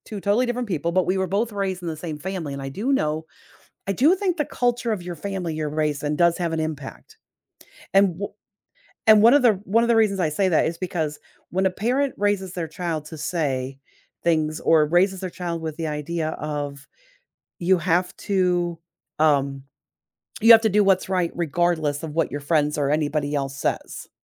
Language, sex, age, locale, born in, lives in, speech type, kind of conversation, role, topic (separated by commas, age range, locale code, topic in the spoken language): English, female, 50-54, en-US, United States, United States, conversation, podcast, host, How do you stay true to yourself while also connecting with others?